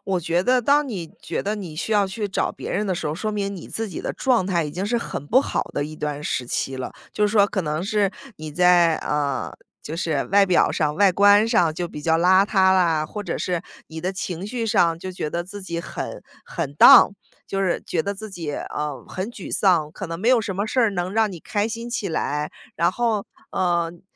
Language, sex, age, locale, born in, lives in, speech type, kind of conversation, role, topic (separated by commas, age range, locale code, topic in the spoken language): Chinese, female, 35-39, zh-CN, United States, United States, conversation, podcast, guest, 遇到孤独时你会怎么做？